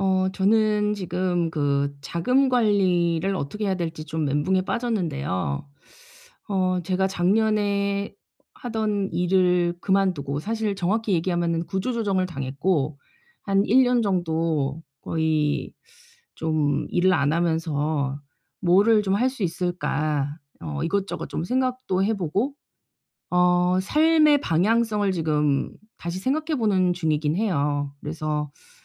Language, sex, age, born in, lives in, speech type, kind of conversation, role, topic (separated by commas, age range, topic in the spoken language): Korean, female, 45-49, South Korea, South Korea, conversation, advice, user, 사업 예산을 더 잘 관리하고 최적화하려면 무엇부터 시작해야 하나요?